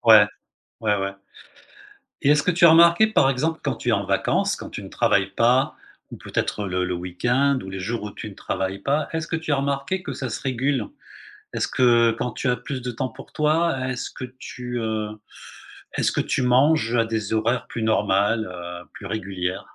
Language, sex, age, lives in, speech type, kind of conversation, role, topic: French, male, 55-59, Spain, conversation, advice, advisor, Comment se passe ta routine alimentaire quand tu sautes des repas et que tu manges à des horaires irréguliers ?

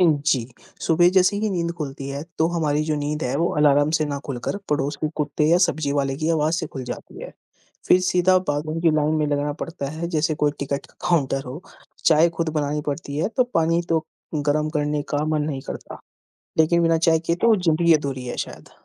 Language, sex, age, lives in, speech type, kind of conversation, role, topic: Hindi, female, 20-24, India, conversation, unstructured, none, आप अपने दिन की शुरुआत कैसे करते हैं?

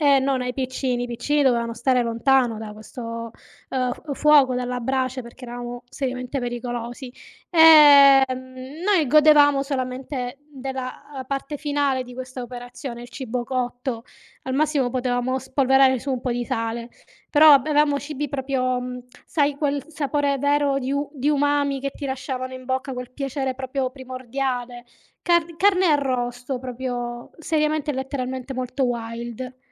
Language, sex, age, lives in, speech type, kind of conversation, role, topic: Italian, female, 35-39, Italy, conversation, unstructured, none, In che modo la cucina tradizionale può contribuire al benessere mentale?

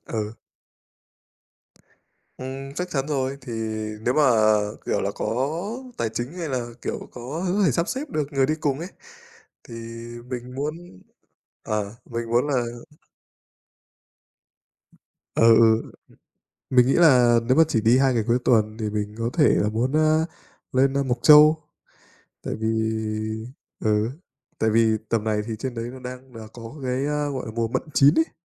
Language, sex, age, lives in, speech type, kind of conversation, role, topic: Vietnamese, male, 25-29, Vietnam, conversation, unstructured, none, Bạn muốn đi đâu nếu có thể đi ngay bây giờ?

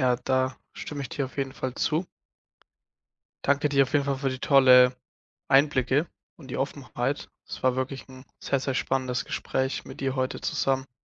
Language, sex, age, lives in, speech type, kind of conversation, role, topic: German, male, 20-24, Germany, conversation, podcast, host, Wie gehst du trotz Mentoring mit dem Hochstapler-Syndrom um?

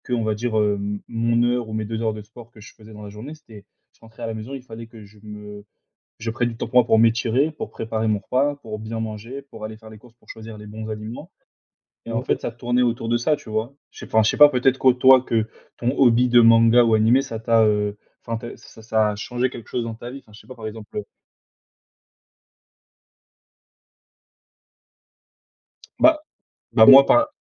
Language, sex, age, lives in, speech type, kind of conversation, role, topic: French, male, 20-24, France, conversation, unstructured, none, Comment as-tu découvert ton passe-temps préféré ?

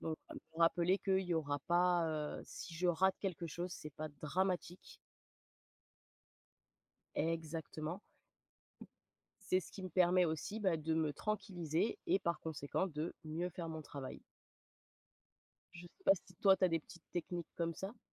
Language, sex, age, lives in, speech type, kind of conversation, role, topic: French, female, 30-34, France, conversation, unstructured, none, Comment gères-tu le stress dans ta vie de tous les jours ?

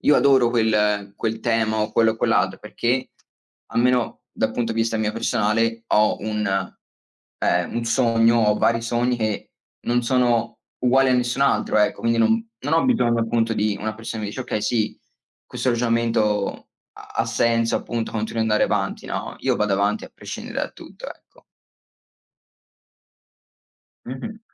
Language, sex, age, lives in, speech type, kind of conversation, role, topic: Italian, male, 20-24, United States, conversation, unstructured, none, Come fai a convincere gli altri a credere nei tuoi progetti?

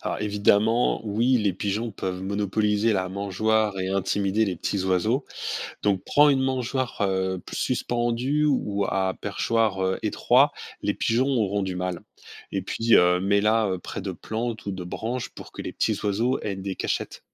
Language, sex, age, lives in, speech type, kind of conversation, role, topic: French, male, 45-49, France, read, expert-assistant, expert, De quelles manières simples pourrions-nous aider les espèces locales à s’adapter à la ville ?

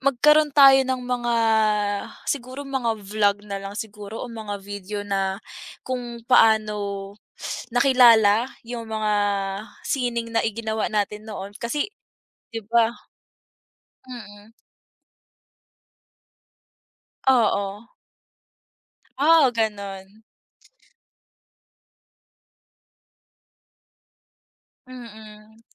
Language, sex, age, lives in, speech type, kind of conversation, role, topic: Filipino, female, 20-24, Philippines, conversation, unstructured, none, Bakit mahalaga ang sining sa kultura ng isang bansa?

